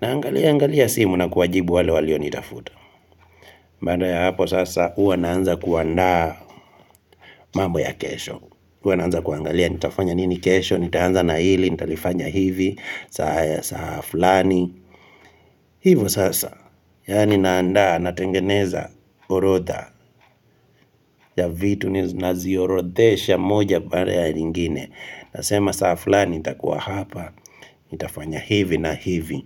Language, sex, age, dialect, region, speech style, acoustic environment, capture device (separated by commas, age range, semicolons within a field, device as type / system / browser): Swahili, male, 25-34, Kenya - Kitui, Kenya, relaxed; excited, nature, mobile / Linux / Chrome